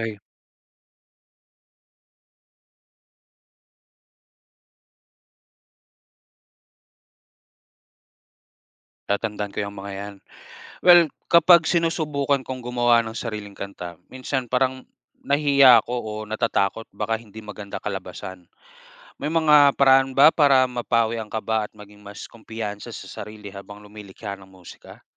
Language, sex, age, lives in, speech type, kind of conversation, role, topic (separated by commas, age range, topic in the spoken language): Filipino, male, 30-34, Philippines, read, expert-assistant, asker, Paano nakakatulong ang musika sa pagpapahayag ng damdamin?